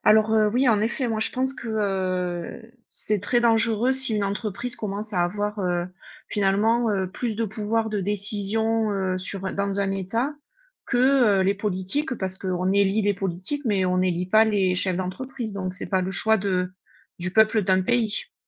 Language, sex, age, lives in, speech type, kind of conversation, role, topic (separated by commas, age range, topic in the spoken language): French, female, 40-44, United States, conversation, unstructured, none, Faut-il limiter le pouvoir des grandes entreprises en politique ?